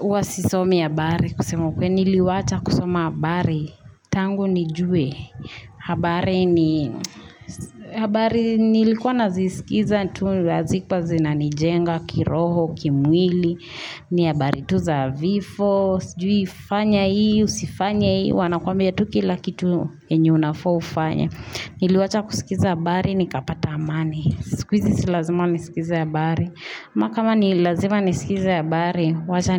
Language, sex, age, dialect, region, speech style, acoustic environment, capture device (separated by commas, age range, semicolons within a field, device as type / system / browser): Swahili, female, 25-34, Kenya - Nairobi Sheng-influenced, Kenya, relaxed, nature, mobile / Linux / Chrome